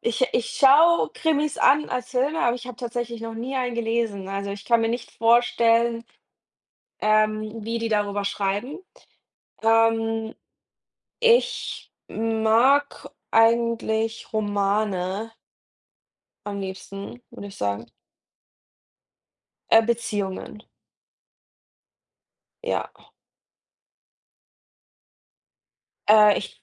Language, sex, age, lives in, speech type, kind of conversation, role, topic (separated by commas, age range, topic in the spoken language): German, female, 30-34, Germany, conversation, unstructured, none, Was macht für dich eine gute Geschichte aus?